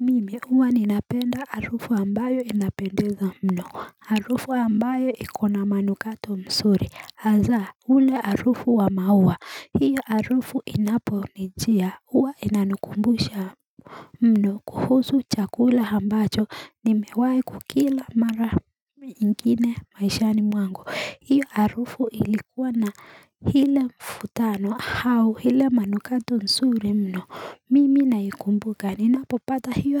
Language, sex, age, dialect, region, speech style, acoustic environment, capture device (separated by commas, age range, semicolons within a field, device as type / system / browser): Swahili, female, 18-24, Kenya - Nairobi Sheng-influenced, Kenya, happy; excited, nature, mobile / Linux / Chrome